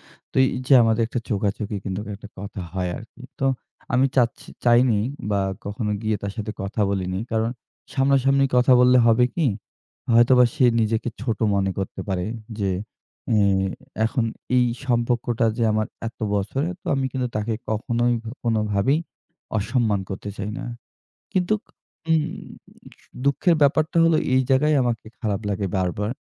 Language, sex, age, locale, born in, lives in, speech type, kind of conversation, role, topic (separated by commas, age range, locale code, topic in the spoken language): Bengali, male, 25-29, bn-BD, Bangladesh, Bangladesh, conversation, advice, user, আপনার বিশ্বাস ভাঙা বা প্রতারণার অভিজ্ঞতা কীভাবে ঘটেছিল?